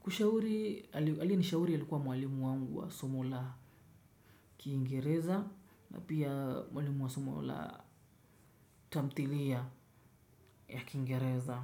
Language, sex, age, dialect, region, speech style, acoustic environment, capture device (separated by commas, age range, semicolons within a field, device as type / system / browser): Swahili, male, 25-34, Kenya - Mombasa, Kenya, relaxed, nature; silence, desktop / Windows / Chrome